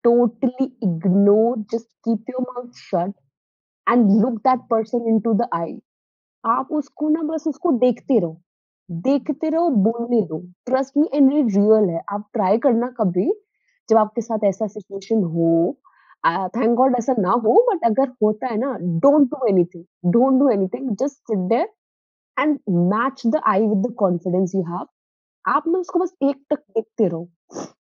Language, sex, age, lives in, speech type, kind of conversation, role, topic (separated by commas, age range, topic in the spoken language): Hindi, female, 20-24, India, conversation, podcast, guest, Conflict ke baad pehli baar baat kaise shuru karte ho?